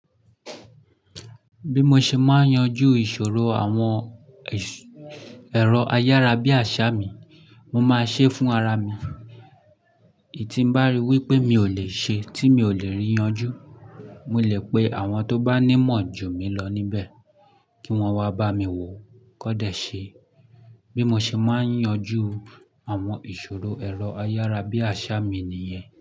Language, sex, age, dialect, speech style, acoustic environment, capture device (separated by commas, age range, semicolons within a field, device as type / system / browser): Yoruba, male, 25-34, Nigeria - Lagos Yoruba, anxious, silence, mobile / Linux / Chrome